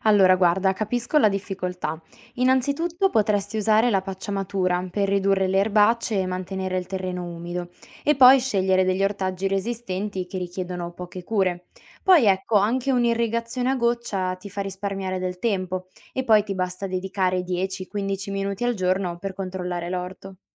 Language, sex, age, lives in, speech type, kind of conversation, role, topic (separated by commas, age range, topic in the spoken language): Italian, female, 20-24, Italy, read, expert-assistant, expert, Come posso organizzarmi meglio per prendermi cura delle colture e ottenere raccolti più abbondanti senza sprecare tempo?